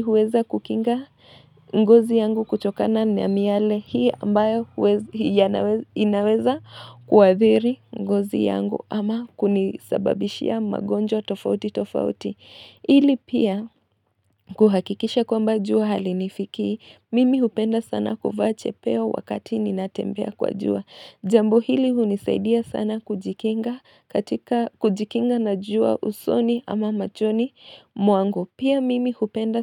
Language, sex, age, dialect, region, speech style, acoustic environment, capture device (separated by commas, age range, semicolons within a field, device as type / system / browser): Swahili, female, 25-34, Kenya - Nairobi Sheng-influenced, Kenya, relaxed, nature, mobile / Linux / Chrome